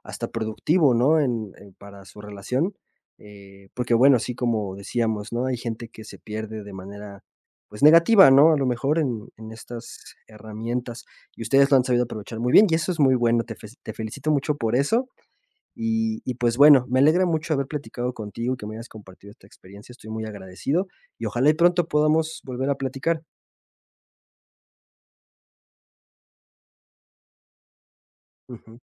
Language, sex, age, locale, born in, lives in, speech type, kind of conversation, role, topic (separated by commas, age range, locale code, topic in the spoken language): Spanish, male, 25-29, es-MX, Mexico, Mexico, conversation, podcast, host, ¿Qué papel tiene el celular en tus relaciones personales?